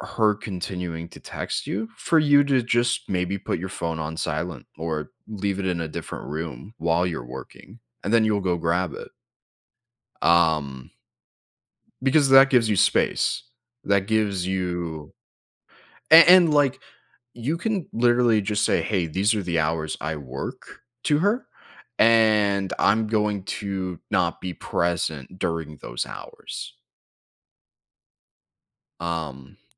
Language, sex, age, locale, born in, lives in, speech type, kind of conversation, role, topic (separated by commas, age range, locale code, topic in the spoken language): English, male, 20-24, en-US, United States, United States, conversation, advice, advisor, How can I say no to extra requests without feeling guilty or hurting others?